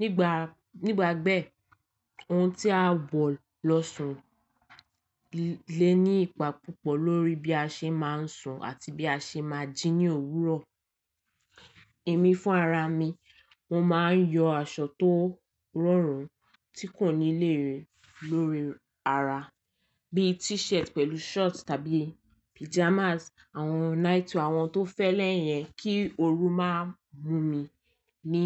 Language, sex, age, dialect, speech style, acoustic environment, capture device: Yoruba, female, 18-24, Nigeria - Lagos Yoruba, happy, nature, mobile / Linux / Chrome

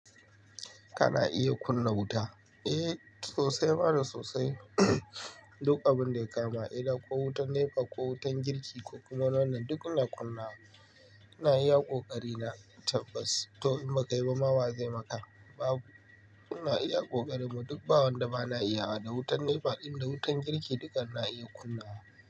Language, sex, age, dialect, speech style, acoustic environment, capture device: Hausa, male, 25-34, Nigeria - Kano, nervous, nature; appliances, mobile / Linux / Chrome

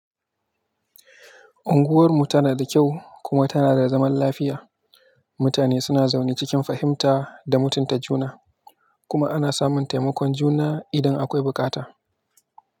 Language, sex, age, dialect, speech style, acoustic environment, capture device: Hausa, male, 18-24, Nigeria - Kano, happy, silence, mobile / Linux / Chrome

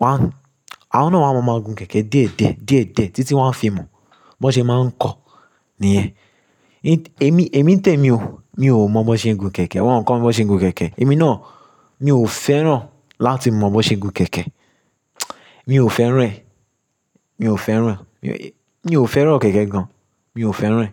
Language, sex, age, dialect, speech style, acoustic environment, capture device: Yoruba, male, 25-34, Nigeria - Lagos Yoruba, relaxed, construction; silence, mobile / Linux / Chrome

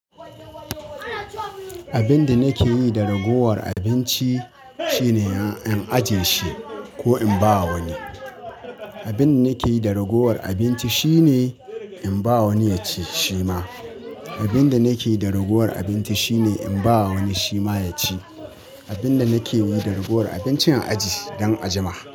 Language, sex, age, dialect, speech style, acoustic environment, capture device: Hausa, male, 25-34, Nigeria - Katsina, happy, people talking, mobile / Linux / Chrome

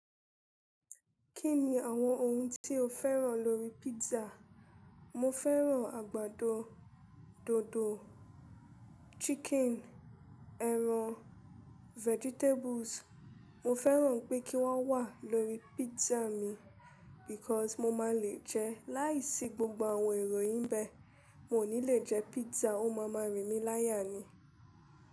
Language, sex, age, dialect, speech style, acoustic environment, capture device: Yoruba, female, 25-34, Nigeria - Lagos Yoruba, relaxed, silence, mobile / Linux / Chrome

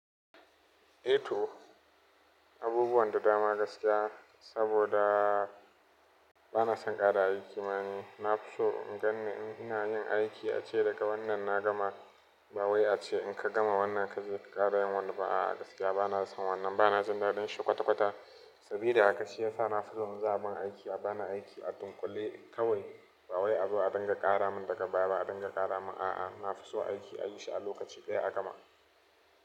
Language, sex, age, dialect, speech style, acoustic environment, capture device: Hausa, male, 25-34, Nigeria - Nigerian Standard, happy; relaxed, appliances, mobile / Linux / Chrome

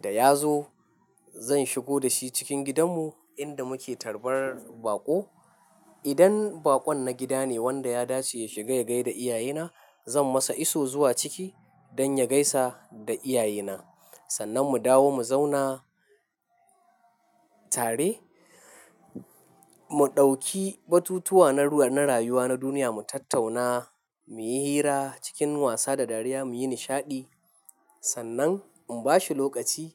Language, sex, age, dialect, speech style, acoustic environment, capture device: Hausa, male, 25-34, Nigeria - Nigerian Standard, focused, silence, mobile / Linux / Chrome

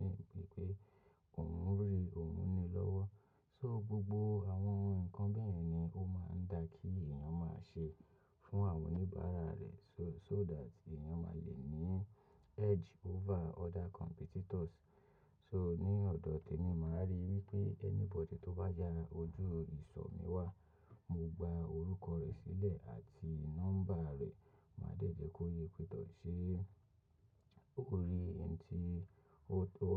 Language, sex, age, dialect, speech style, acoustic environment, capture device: Yoruba, male, 25-34, Nigeria - Lagos Yoruba, relaxed, silence, mobile / macOS / Safari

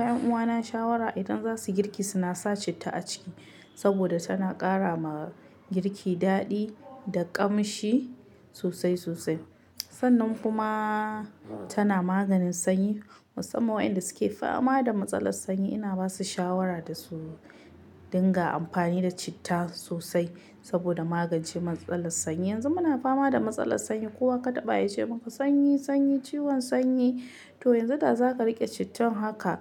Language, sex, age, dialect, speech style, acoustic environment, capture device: Hausa, female, 25-34, Nigeria - Kano, happy, silence, mobile / Linux / Chrome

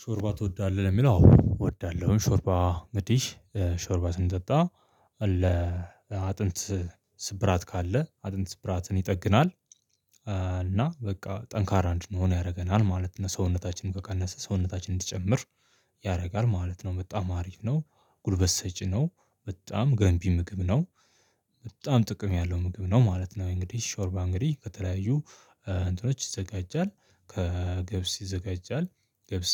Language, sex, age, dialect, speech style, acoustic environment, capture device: Amharic, male, 25-34, Ethiopia - Addis Ababa, happy, people talking, mobile / Linux / Chrome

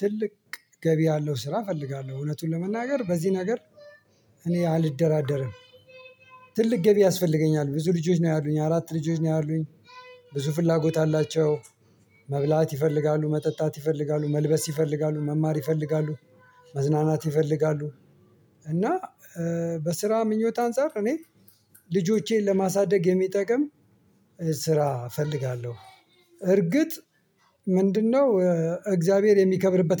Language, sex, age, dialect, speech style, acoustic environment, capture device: Amharic, male, 45-54, Ethiopia - Addis Ababa, focused, appliances, mobile / Linux / Chrome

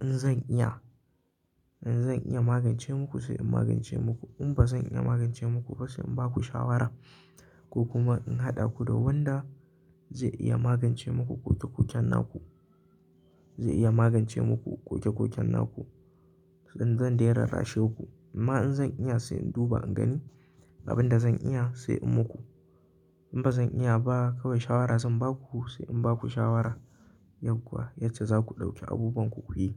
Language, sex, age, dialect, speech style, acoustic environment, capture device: Hausa, male, 18-24, Nigeria - Kano, happy, silence, mobile / Linux / Chrome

